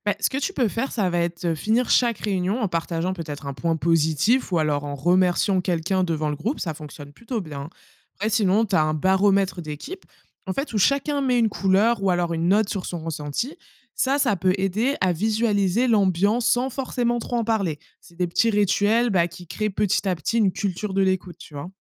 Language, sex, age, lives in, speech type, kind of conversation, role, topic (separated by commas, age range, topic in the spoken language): French, female, 25-29, France, read, expert-assistant, expert, Comment favoriser l’authenticité et l’expression de chacun au sein d’une équipe ?